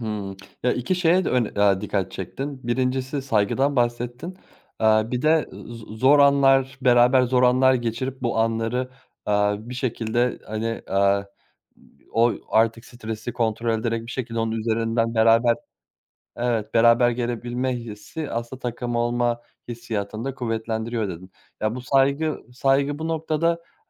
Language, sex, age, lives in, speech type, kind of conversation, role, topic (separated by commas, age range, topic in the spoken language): Turkish, male, 30-34, Germany, conversation, podcast, host, Sence takım içinde güveni nasıl inşa ediyorsun?